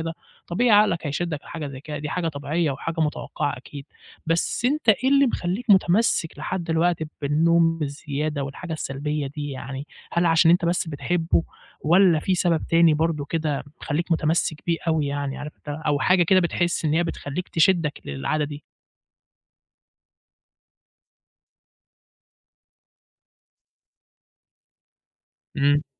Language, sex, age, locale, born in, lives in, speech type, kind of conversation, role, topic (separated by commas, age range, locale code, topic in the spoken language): Arabic, male, 20-24, ar-EG, Egypt, Egypt, conversation, advice, advisor, إزاي أواجه صراع العادة لما أبقى عايز أغيّر سلوك سلبي في حياتي؟